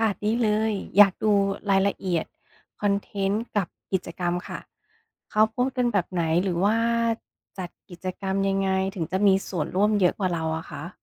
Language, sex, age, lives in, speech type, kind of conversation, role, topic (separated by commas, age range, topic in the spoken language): Thai, female, 40-44, United States, read, expert-assistant, asker, ทำไมยอดไลก์ในเพจเฟซบุ๊กของเราลดลงกะทันหัน? มีปัจจัยอะไรที่ควรตรวจสอบบ้าง